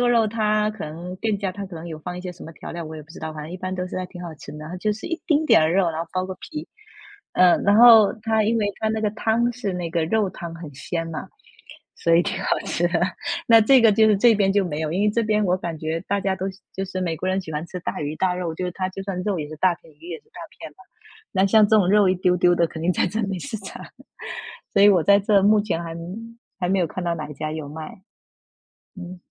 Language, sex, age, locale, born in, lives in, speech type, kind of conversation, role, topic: Chinese, female, 35-39, zh-TW, China, United States, conversation, unstructured, none, 你最喜欢的家常菜是什么？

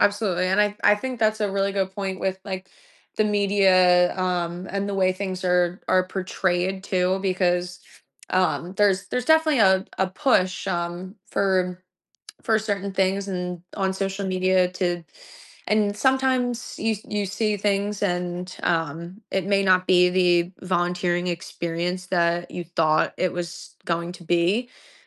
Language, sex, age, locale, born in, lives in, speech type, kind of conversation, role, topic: English, female, 25-29, en-US, United States, United States, conversation, podcast, guest, What motivates people to volunteer, and how does it shape their lives and communities?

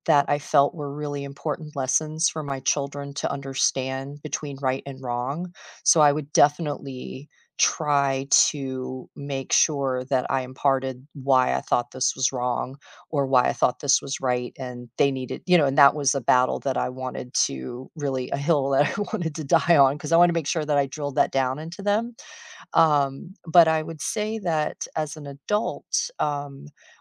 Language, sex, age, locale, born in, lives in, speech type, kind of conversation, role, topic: English, female, 50-54, en-US, United States, United States, conversation, unstructured, none, How do you decide what is right or wrong?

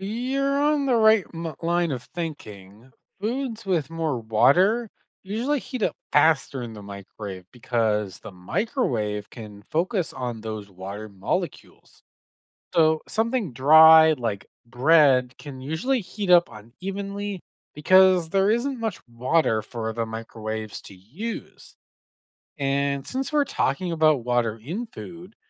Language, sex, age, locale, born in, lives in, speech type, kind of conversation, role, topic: English, male, 25-29, en-CA, Canada, United States, read, expert-assistant, expert, How does a microwave heat up food?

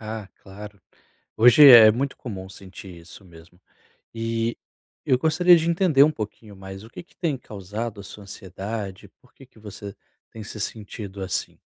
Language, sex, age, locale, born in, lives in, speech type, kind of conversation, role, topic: Portuguese, male, 30-34, pt-BR, Brazil, Portugal, conversation, advice, advisor, Como posso controlar a ansiedade ao visitar lugares desconhecidos?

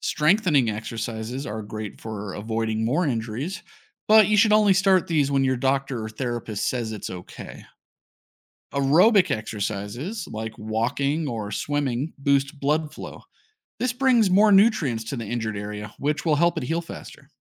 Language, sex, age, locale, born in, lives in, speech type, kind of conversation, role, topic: English, male, 35-39, en-US, United States, United States, read, expert-assistant, expert, How does the human body heal after injury?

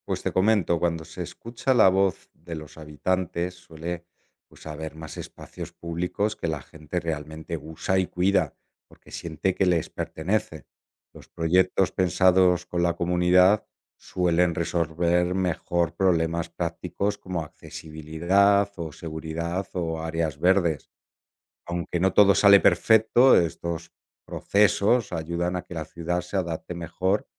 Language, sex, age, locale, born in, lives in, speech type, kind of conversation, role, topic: Spanish, male, 45-49, es-ES, Spain, Italy, read, expert-assistant, expert, ¿Qué importancia tiene la arquitectura en la vida de las ciudades?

